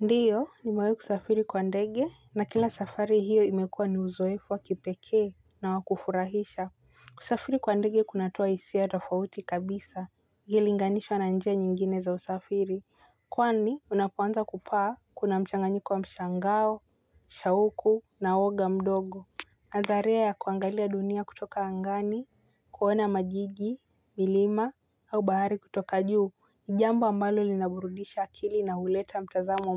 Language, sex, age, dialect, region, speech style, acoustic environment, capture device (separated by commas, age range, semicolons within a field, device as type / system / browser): Swahili, female, 18-24, Kenya - Mombasa, Kenya, relaxed, silence, mobile / Linux / Chrome